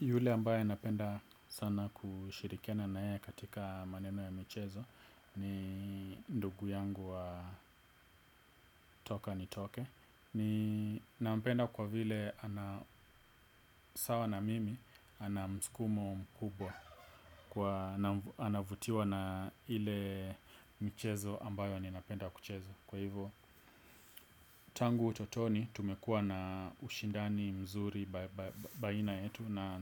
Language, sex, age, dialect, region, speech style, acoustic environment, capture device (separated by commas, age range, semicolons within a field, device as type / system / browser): Swahili, male, 25-34, Kenya - Nairobi Sheng-influenced, Kenya, relaxed; focused, silence, desktop / Windows / Edge